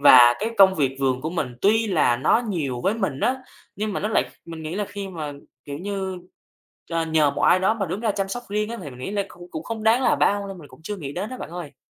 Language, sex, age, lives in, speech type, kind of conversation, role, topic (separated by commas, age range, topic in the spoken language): Vietnamese, male, 20-24, Vietnam, conversation, advice, user, Làm thế nào để tôi sắp xếp thời gian chăm sóc sức khỏe thể chất và tinh thần khi quá bận rộn?